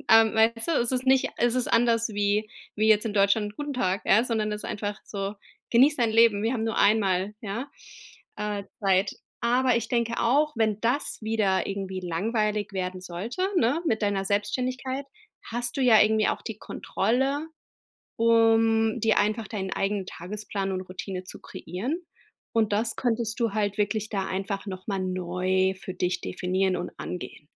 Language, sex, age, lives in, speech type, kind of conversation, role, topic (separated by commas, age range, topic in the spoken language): German, female, 35-39, United States, conversation, advice, advisor, Wie finde ich eine bessere Balance zwischen Verpflichtungen und Freizeitaktivitäten?